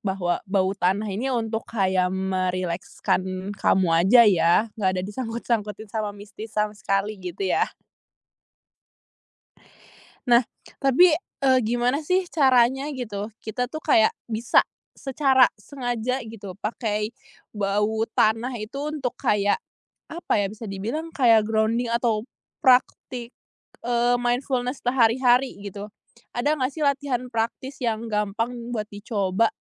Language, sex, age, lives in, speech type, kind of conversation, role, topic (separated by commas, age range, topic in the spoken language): Indonesian, female, 20-24, Indonesia, conversation, podcast, host, Apa peran aroma tanah basah atau bau hujan dalam membuatmu lebih sadar dan hadir?